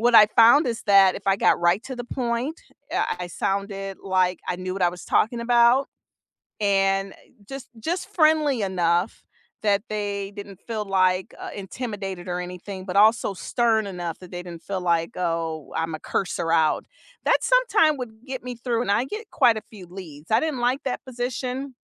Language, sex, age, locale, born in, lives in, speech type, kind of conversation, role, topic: English, female, 50-54, en-US, United States, United States, conversation, unstructured, none, What is the most unusual job you have ever had?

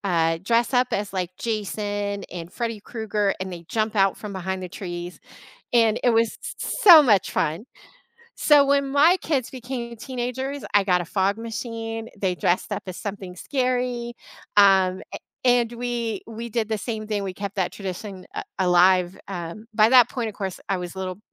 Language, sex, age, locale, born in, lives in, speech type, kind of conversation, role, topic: English, female, 45-49, en-US, United States, United States, conversation, podcast, guest, How do you celebrate important heritage holidays?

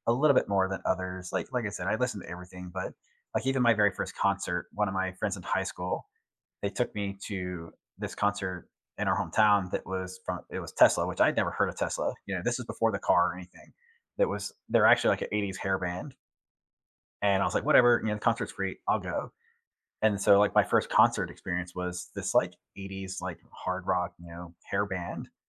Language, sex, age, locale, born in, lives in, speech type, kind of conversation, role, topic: English, male, 40-44, en-US, United States, United States, conversation, unstructured, none, Who in your life most shaped your taste in music, and which memories stand out?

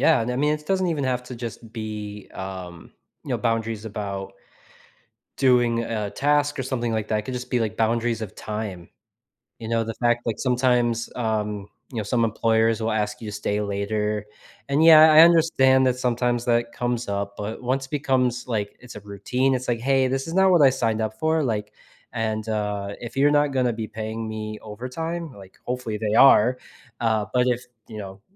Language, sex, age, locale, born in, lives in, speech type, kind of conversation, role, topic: English, male, 35-39, en-US, United States, United States, conversation, podcast, guest, What helps you maintain a healthy balance between your job and your personal life?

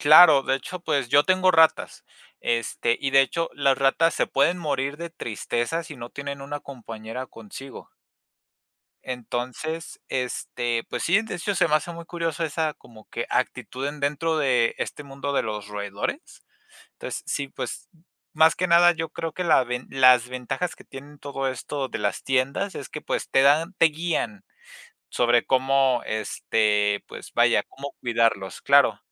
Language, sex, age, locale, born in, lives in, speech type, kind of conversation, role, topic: Spanish, male, 20-24, es-MX, Mexico, Mexico, conversation, unstructured, none, ¿Es correcto comprar mascotas en tiendas o es mejor adoptarlas en refugios?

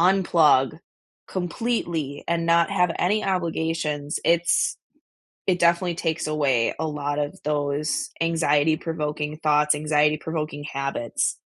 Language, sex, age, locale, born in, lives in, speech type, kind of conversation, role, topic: English, female, 25-29, en-US, United States, United States, conversation, unstructured, none, When was the last time you felt truly rested, and why?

